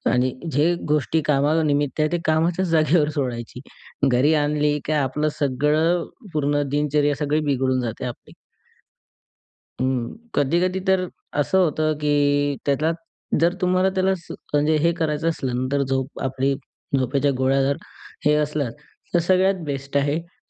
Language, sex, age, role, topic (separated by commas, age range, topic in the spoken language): Marathi, male, 20-24, guest, तुला कसं कळतं की तुला पुरेशी झोप मिळालीय का?